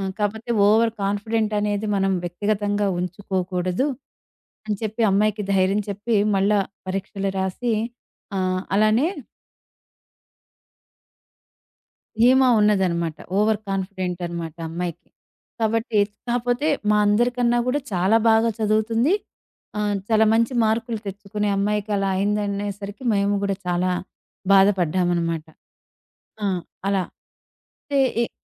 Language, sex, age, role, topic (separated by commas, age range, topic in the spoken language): Telugu, female, 40-44, guest, పని వల్ల మీరు నేర్చుకున్న అత్యంత ముఖ్యమైన పాఠం ఏమిటి?